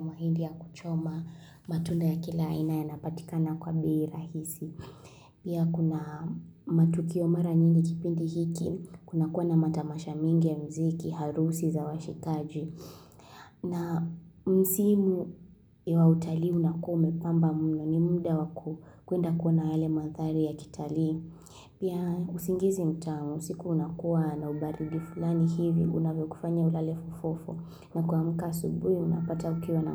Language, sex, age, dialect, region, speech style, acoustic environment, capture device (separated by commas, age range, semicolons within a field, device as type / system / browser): Swahili, female, 18-24, Kenya - Kitui, Kenya, focused, silence, mobile / Linux / Chrome